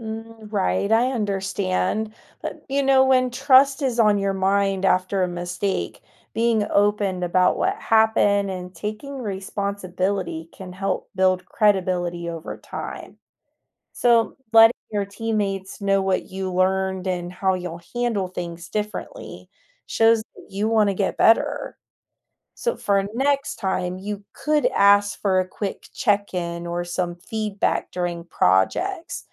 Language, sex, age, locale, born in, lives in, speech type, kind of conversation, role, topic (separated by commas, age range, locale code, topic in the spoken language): English, female, 35-39, en-US, United States, United States, read, expert-assistant, expert, How can you learn from your mistakes?